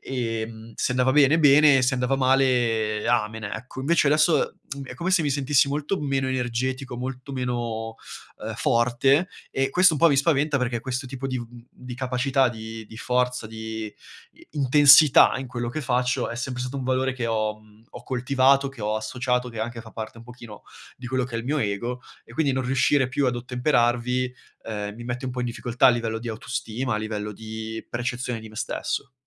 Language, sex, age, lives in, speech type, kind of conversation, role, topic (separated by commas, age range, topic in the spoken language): Italian, male, 25-29, Italy, conversation, advice, user, Come posso trasformare i miei valori personali in azioni pratiche e raggiungibili?